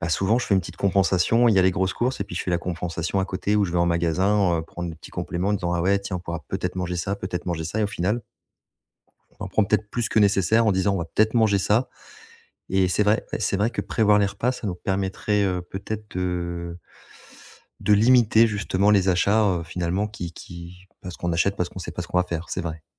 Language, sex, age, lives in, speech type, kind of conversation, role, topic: French, male, 40-44, France, conversation, advice, user, Pourquoi avez-vous du mal à réduire vos dépenses quotidiennes ?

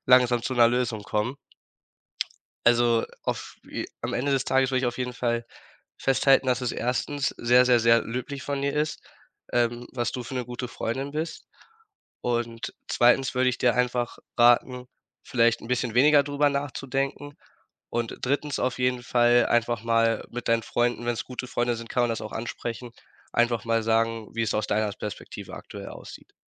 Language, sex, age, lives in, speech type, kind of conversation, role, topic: German, male, 18-19, Germany, conversation, advice, advisor, Wie findest du in Freundschaften die richtige Balance zwischen Nähe und persönlicher Freiheit?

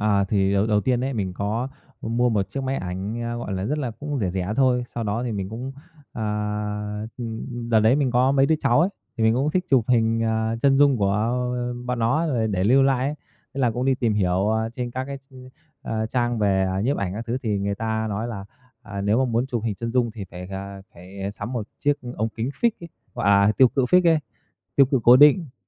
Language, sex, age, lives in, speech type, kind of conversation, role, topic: Vietnamese, male, 30-34, Vietnam, conversation, podcast, guest, Bạn thích chụp ảnh thì thường chụp những gì?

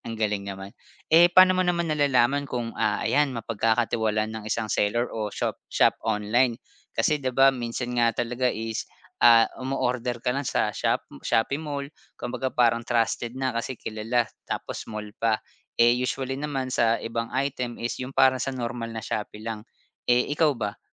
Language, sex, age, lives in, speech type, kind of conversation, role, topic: Filipino, male, 30-34, Philippines, conversation, podcast, host, Paano nagbago ang paraan ng pamimili mo dahil sa mga serbisyong padala at mga tindahang nasa internet?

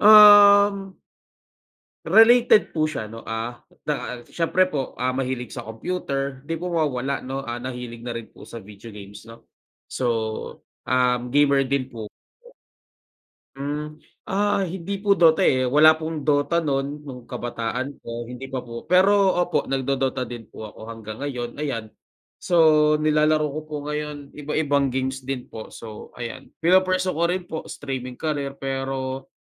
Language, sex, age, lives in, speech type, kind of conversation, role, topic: Filipino, male, 25-29, Philippines, conversation, unstructured, none, May pangyayaring mula sa iyong pagkabata na hindi mo malilimutan?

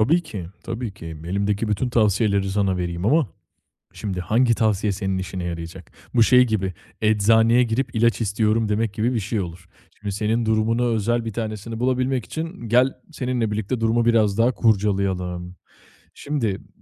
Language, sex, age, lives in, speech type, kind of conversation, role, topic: Turkish, male, 25-29, Italy, conversation, advice, advisor, Eleştiri alırken bunu sürekli kişisel algılamaktan kendimi nasıl alıkoyabilirim?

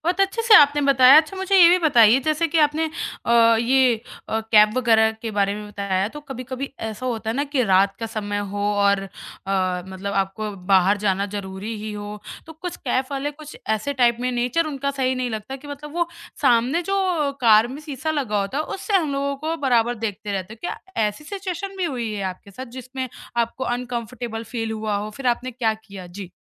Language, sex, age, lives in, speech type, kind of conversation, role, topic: Hindi, male, 30-34, India, conversation, podcast, host, Solo travel ne tumhara self-confidence kaise badhaya?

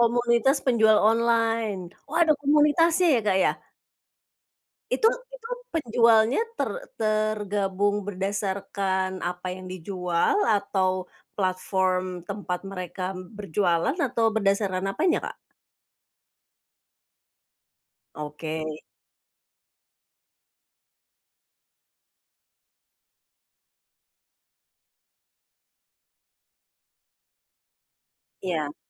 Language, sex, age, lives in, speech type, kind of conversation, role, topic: Indonesian, female, 45-49, Indonesia, conversation, podcast, host, Apakah kamu pernah ikut komunitas daring atau lokal yang berkaitan dengan hobimu?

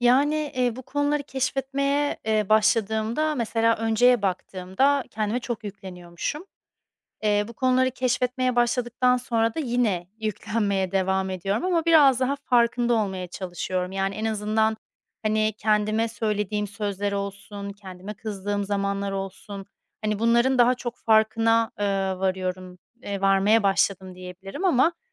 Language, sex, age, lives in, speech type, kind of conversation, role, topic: Turkish, female, 30-34, Spain, conversation, advice, user, İç sesimle daha nazik ve anlayışlı nasıl konuşabilirim?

